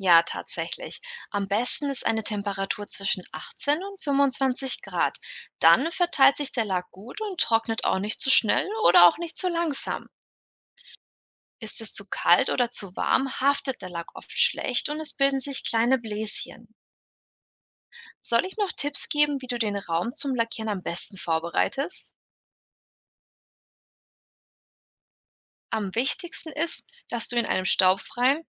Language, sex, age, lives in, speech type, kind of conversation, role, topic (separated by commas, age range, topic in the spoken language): German, female, 60-64, Germany, read, expert-assistant, expert, Wie wird ein Auto lackiert?